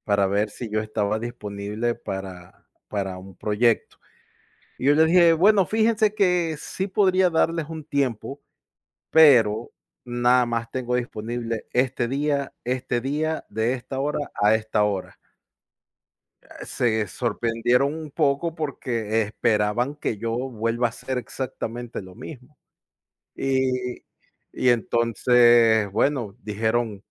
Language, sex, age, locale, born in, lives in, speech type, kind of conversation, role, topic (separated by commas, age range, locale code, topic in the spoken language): Spanish, male, 35-39, es-EC, Ecuador, United States, conversation, unstructured, none, ¿Te molesta que te pidan responder correos o mensajes fuera del horario laboral?